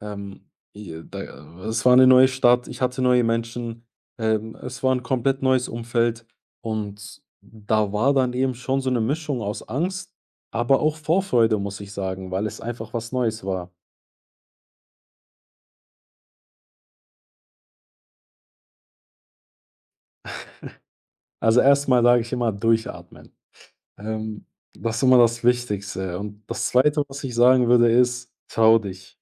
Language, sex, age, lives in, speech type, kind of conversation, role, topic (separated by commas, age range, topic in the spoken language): German, male, 20-24, Germany, conversation, podcast, guest, Welche kleine Entscheidung hat dein Leben langfristig verändert?